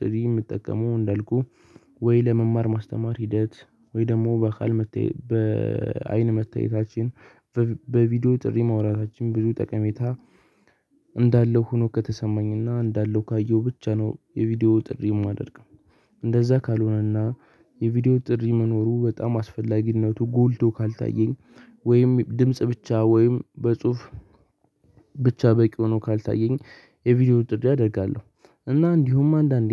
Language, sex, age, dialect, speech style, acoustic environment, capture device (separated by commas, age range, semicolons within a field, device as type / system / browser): Amharic, male, 18-24, Ethiopia - Addis Ababa, excited, silence, mobile / Linux / Chrome